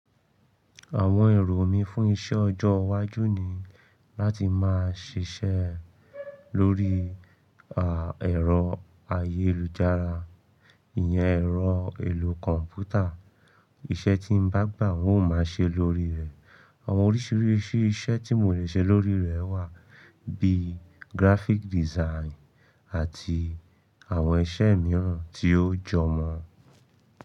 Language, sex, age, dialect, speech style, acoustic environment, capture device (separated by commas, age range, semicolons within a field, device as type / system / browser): Yoruba, male, 25-34, Nigeria - Ibadan, excited, nature, mobile / Linux / Chrome